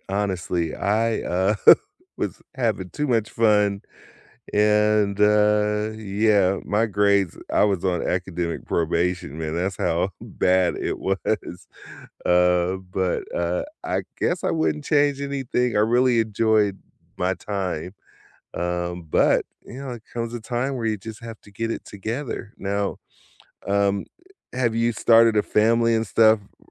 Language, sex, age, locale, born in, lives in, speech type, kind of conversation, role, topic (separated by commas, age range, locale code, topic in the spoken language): English, male, 50-54, en-US, United States, United States, conversation, unstructured, none, What advice would you give to someone who is starting their career?